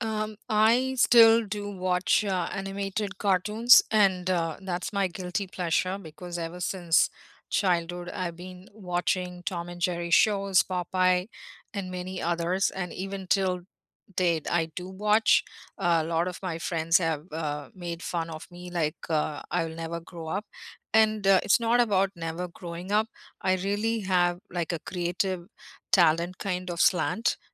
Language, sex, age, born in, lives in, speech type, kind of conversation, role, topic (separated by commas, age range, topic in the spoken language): English, female, 50-54, India, United States, conversation, unstructured, none, What guilty-pleasure entertainment do you secretly love and proudly defend?